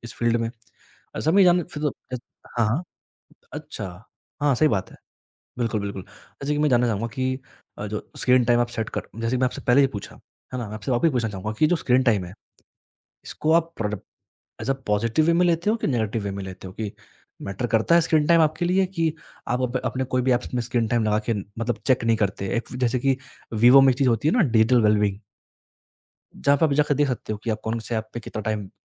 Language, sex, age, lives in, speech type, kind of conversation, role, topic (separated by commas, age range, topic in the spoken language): Hindi, male, 20-24, India, conversation, podcast, host, Screen time set karne ka sabse simple aur effective tareeka kya hai?